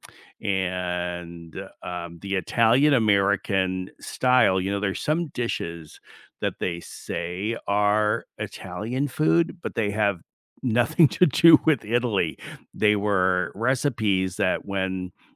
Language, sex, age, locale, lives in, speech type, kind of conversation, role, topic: English, male, 60-64, en-US, United States, conversation, unstructured, none, Which family or cultural tradition have you kept, why does it matter, and how does it shape you?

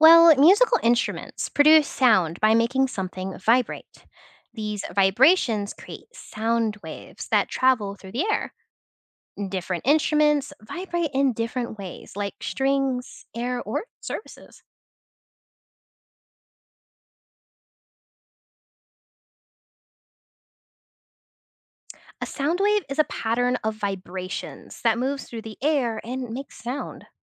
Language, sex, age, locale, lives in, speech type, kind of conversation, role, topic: English, other, 20-24, en-US, United States, read, expert-assistant, expert, How do different musical instruments produce sound?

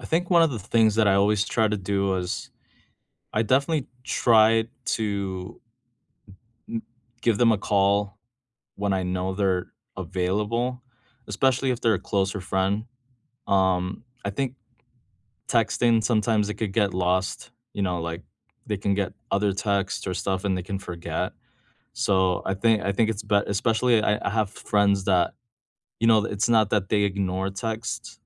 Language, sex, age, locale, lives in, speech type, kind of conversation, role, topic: English, male, 35-39, en-US, United States, conversation, unstructured, none, How do you thoughtfully check in on friends' wellbeing while strengthening your connection?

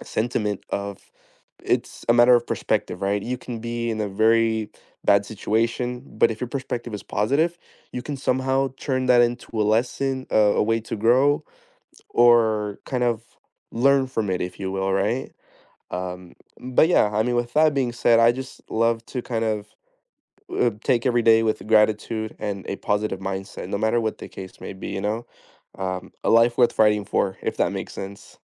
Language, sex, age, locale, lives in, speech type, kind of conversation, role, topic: English, male, 25-29, en-US, United States, conversation, unstructured, none, Where do you see yourself in five years?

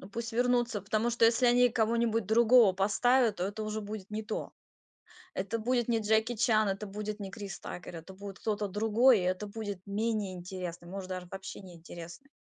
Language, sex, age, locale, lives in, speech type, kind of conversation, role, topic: Russian, female, 35-39, ru-RU, United States, conversation, unstructured, none, Что вас больше всего раздражает в современных комедиях?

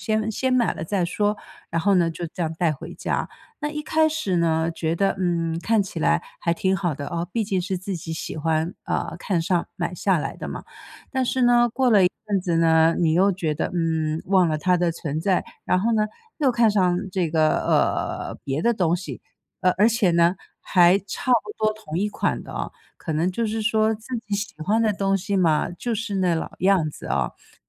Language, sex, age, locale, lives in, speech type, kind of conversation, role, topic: Chinese, female, 55-59, zh-TW, United States, conversation, podcast, guest, 当家里的东西堆得太多时，你通常会怎么整理和处理？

